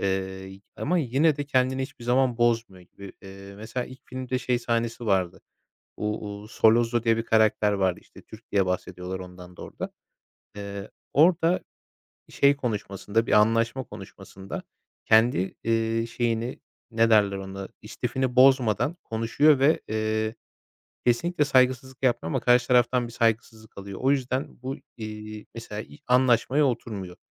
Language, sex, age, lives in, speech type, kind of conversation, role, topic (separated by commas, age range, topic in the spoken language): Turkish, male, 25-29, Poland, conversation, podcast, guest, Hangi film karakteri seni en çok etkiledi, neden?